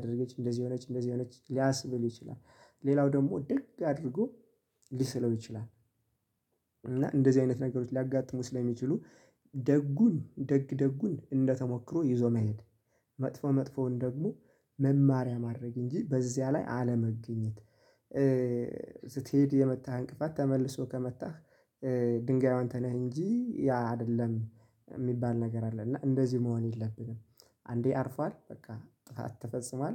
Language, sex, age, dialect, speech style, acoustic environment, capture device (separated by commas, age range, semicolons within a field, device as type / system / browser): Amharic, male, 25-34, Ethiopia - Gojjam, excited, nature, mobile / Linux / Chrome